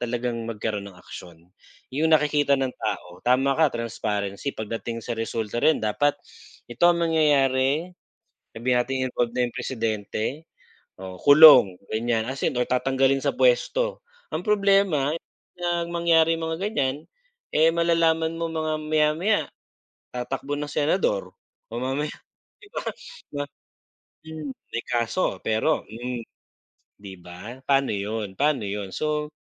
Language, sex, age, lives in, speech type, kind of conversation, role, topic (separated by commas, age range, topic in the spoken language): Filipino, male, 40-44, Philippines, conversation, unstructured, none, Paano mo ilalarawan ang epekto ng korapsyon sa ating lipunan?